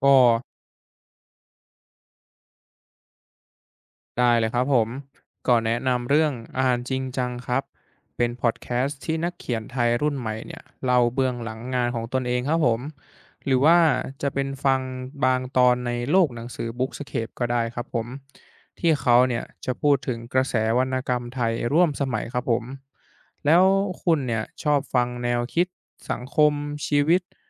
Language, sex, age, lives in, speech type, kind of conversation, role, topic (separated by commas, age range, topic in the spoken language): Thai, male, 20-24, Thailand, read, expert-assistant, expert, มีพอดแคสต์หรือรายการออนไลน์อะไรบ้างที่พูดถึงวรรณกรรมร่วมสมัยแบบเข้าใจง่ายและน่าสนใจ?